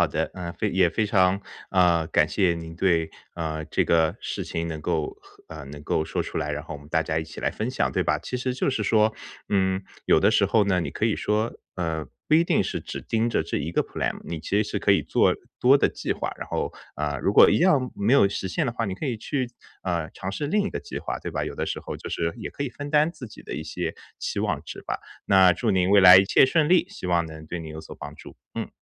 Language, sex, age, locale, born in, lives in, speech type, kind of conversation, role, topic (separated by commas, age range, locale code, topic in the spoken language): Chinese, male, 30-34, zh-CN, China, United States, conversation, advice, advisor, 我该如何面对被打破的期待及随之而来的伤痛？